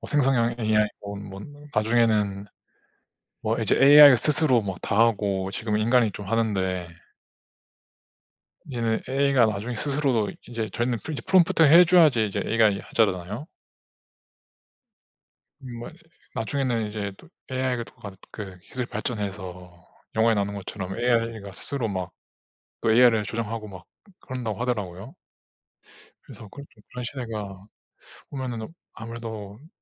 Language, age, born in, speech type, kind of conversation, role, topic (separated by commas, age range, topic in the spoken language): Korean, 30-34, South Korea, conversation, unstructured, none, 과학 덕분에 즐거움이나 행복을 느낀 순간이 있나요?